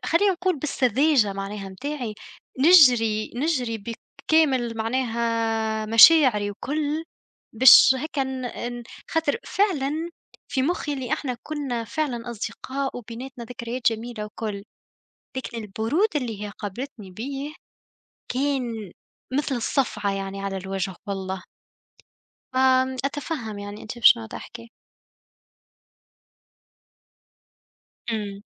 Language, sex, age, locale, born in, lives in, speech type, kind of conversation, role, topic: Arabic, female, 25-29, ar-TN, Tunisia, Germany, conversation, unstructured, none, إيه أجمل ذكرى من طفولتك؟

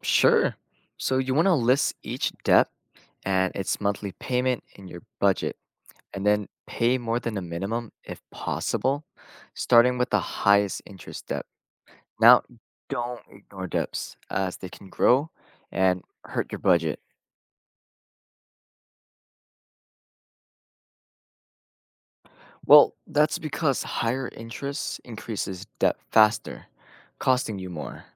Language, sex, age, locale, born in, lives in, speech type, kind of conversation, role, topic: English, male, 20-24, en-US, United States, United States, read, expert-assistant, expert, How do you create a budget for personal finances?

